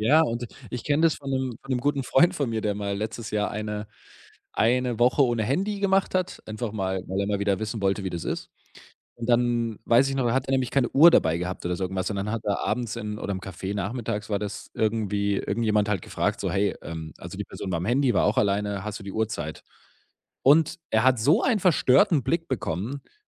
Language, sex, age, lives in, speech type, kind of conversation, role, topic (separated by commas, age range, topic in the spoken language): German, male, 25-29, Germany, conversation, podcast, guest, Wie kann man eine Gemeinschaft offener für neue Menschen machen?